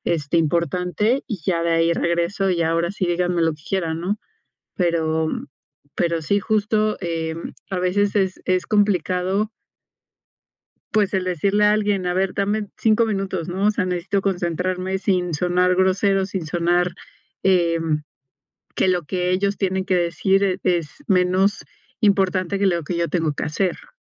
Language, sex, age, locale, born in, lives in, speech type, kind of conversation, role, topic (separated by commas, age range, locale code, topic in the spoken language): Spanish, female, 40-44, es-MX, Mexico, Mexico, conversation, advice, user, ¿Cómo puedo usar el aburrimiento para concentrarme mejor y reducir las distracciones?